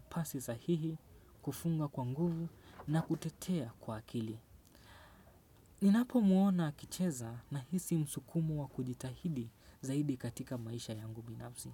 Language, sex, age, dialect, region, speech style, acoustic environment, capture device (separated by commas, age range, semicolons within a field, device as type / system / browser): Swahili, male, 18-24, Kenya - Mombasa, Kenya, focused, silence, desktop / Windows / Chrome